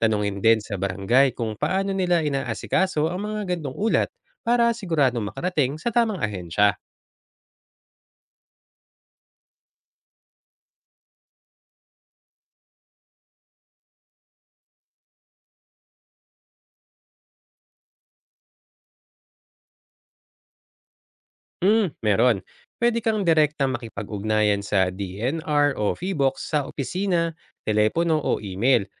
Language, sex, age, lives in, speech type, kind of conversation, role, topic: Filipino, male, 35-39, Philippines, read, expert-assistant, expert, Kapag may naririnig kang bagong balita tungkol sa kalikasan ng Pilipinas, paano mo tinitiyak na hindi ito haka-haka lang?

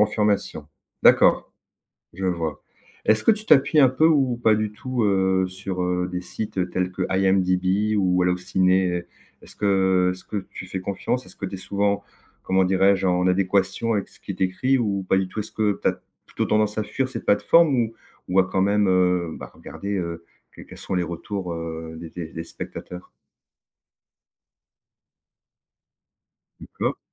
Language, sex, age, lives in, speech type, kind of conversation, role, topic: French, male, 50-54, France, conversation, podcast, host, Comment découvres-tu de nouveaux artistes ou de nouvelles séries ?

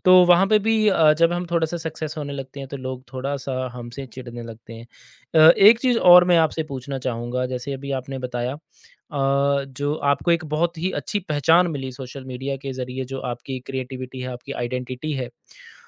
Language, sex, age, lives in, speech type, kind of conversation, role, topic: Hindi, male, 35-39, India, conversation, podcast, host, Social media ne tumhari creative pehchaan par kya asar dala hai?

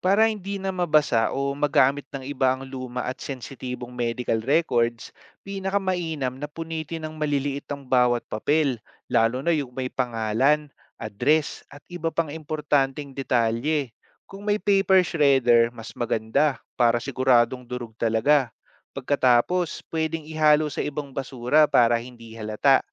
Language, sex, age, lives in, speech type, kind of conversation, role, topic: Filipino, male, 30-34, Philippines, read, expert-assistant, expert, Paano mas maayos at ligtas na itago at pamahalaan ang medical records ng pamilya?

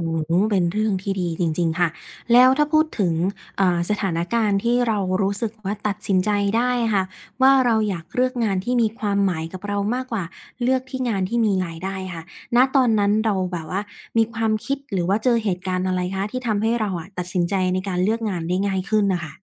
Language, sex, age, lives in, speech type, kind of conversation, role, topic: Thai, female, 25-29, Thailand, conversation, podcast, host, ถ้าคุณต้องเลือกระหว่างรายได้กับงานที่มีความหมาย คุณจะเลือกอะไร?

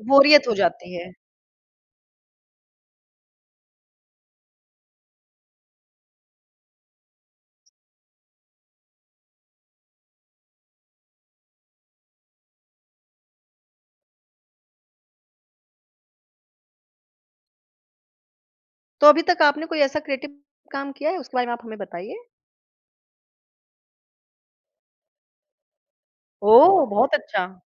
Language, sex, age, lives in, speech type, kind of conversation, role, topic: Hindi, female, 30-34, India, conversation, podcast, host, आप अपना रचनात्मक काम शुरू कैसे करते हैं?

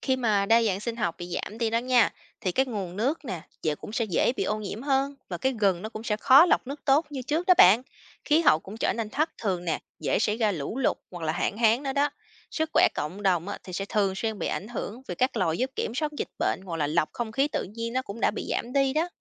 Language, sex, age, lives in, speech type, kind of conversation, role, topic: Vietnamese, female, 30-34, United States, read, expert-assistant, expert, Tại sao con người lại phải quan tâm đến việc bảo vệ động vật hoang dã?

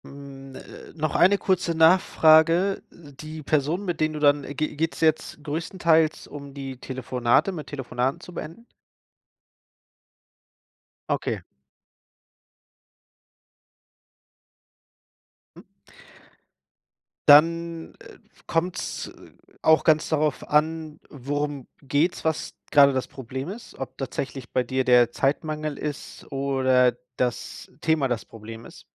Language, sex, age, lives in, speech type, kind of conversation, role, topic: German, male, 30-34, Germany, conversation, advice, advisor, Wie kann ich Familienmitgliedern klare Grenzen setzen und sie verständlich erklären?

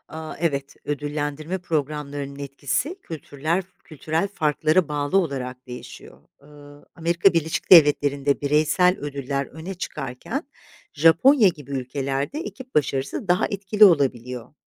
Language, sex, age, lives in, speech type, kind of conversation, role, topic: Turkish, female, 50-54, Italy, read, expert-assistant, expert, Farklı ülkelerde hemşirelerin rolü neden bu kadar değişiyor?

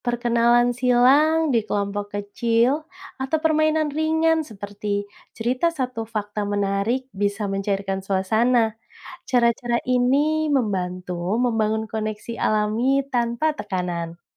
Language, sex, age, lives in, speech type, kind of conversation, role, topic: Indonesian, female, 30-34, Indonesia, read, expert-assistant, expert, Kalau kita bisa mendesain ulang cara orang bekerja sama di masyarakat, ide kreatif apa yang bisa membuat hidup bersama jadi lebih seru dan adil?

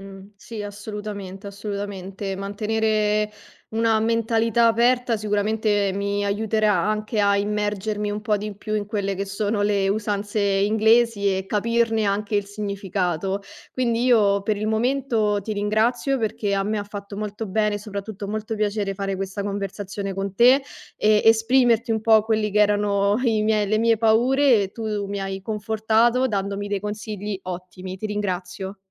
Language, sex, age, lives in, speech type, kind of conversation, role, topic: Italian, female, 25-29, Italy, conversation, advice, user, Come posso imparare la lingua locale e capire le usanze del posto quando mi trasferisco in un nuovo paese?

